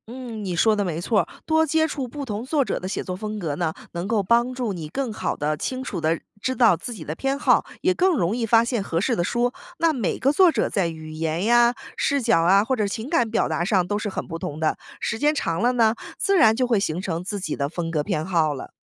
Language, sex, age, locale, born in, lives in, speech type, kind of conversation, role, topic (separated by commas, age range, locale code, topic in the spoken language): Chinese, female, 35-39, zh-CN, United States, United States, read, expert-assistant, expert, 网络书评和传统报刊评论，哪种更能帮助我们选择好书？